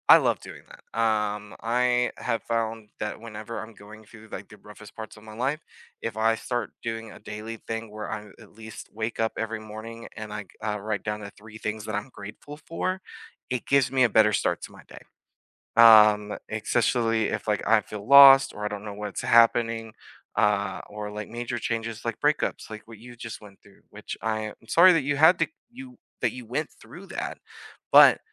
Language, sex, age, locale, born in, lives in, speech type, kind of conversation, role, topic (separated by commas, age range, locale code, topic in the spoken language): English, male, 25-29, en-US, United States, United States, conversation, unstructured, none, What are the stories behind your favorite weekend rituals?